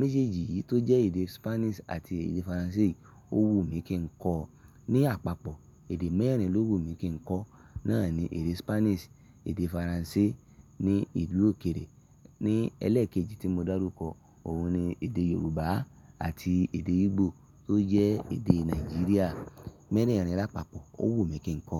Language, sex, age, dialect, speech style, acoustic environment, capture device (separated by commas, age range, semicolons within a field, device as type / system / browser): Yoruba, male, 25-34, Nigeria - Ibadan, happy; excited, silence; nature, mobile / Linux / Chrome